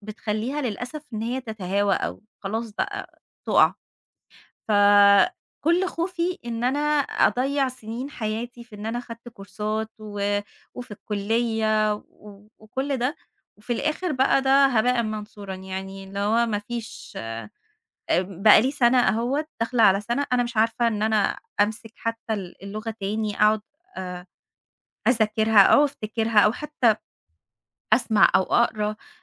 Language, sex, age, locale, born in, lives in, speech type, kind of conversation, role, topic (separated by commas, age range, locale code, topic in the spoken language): Arabic, female, 30-34, ar-EG, Egypt, Egypt, conversation, advice, user, ازاي بتتعامل مع إحساس فقدان الهدف بعد التقاعد أو بعد ما تسيب شغل استمريت فيه سنين؟